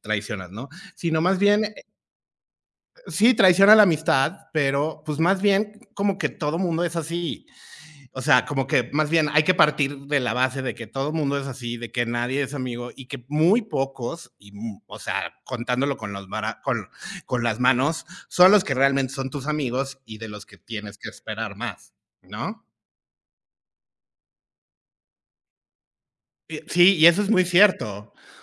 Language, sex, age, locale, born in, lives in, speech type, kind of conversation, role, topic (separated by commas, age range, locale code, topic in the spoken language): Spanish, male, 45-49, es-MX, Mexico, Mexico, conversation, unstructured, none, ¿Alguna vez has sentido que un amigo te traicionó?